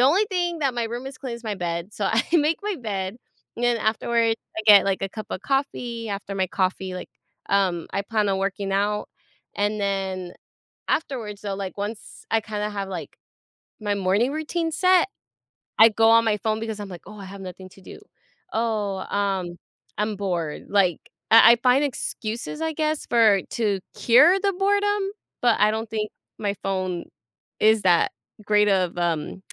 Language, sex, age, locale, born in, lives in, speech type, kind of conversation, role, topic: English, female, 25-29, en-US, United States, United States, conversation, advice, user, How can I reduce stress?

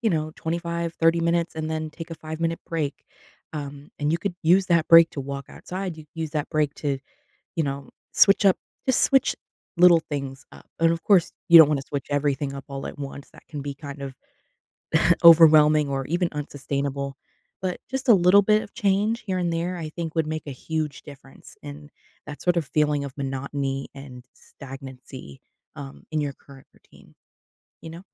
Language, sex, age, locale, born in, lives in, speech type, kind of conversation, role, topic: English, female, 25-29, en-US, United States, United States, conversation, advice, advisor, How do I break out of a rut?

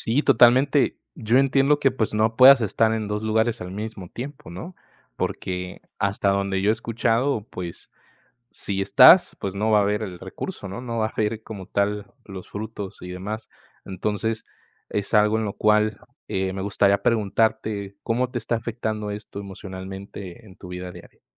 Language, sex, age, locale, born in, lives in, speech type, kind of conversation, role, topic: Spanish, male, 20-24, es-MX, Mexico, Mexico, conversation, advice, advisor, ¿Cómo puedo manejar la culpa por priorizar mis ambiciones personales sobre las expectativas de mi familia?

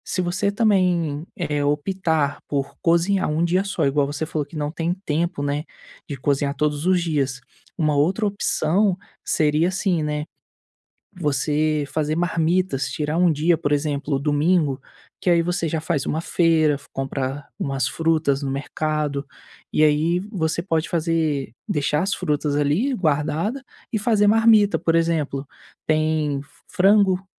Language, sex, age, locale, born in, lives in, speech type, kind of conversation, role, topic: Portuguese, male, 25-29, pt-BR, Brazil, Spain, conversation, advice, advisor, Como posso substituir hábitos ruins por alternativas saudáveis quando sinto uma vontade forte?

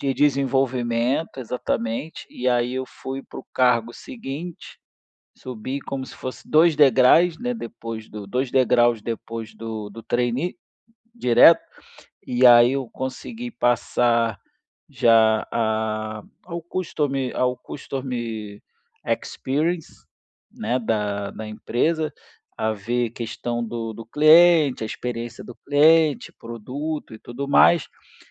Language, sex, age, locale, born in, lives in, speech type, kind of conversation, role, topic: Portuguese, male, 35-39, pt-BR, Brazil, Spain, conversation, advice, user, Como posso tomar decisões sem buscar constantemente a aprovação dos outros?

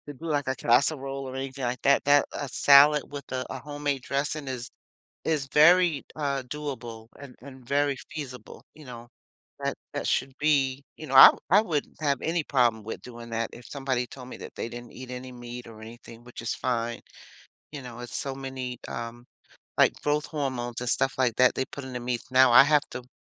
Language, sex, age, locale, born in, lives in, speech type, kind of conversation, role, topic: English, female, 60-64, en-US, United States, United States, conversation, podcast, host, What can we learn from the ways different cultures observe religious holidays?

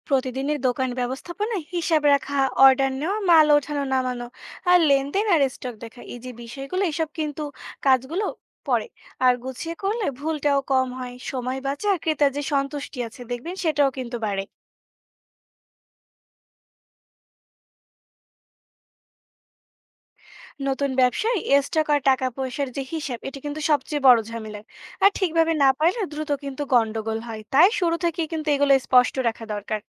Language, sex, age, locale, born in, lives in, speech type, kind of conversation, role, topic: Bengali, female, 20-24, bn-BD, Bangladesh, Bangladesh, read, expert-assistant, expert, ব্যবসায় 'দৈনন্দিন কাজকর্ম' বলতে ঠিক কী বোঝায়, এবং এগুলো ব্যবসার সাফল্যে কীভাবে ভূমিকা রাখে?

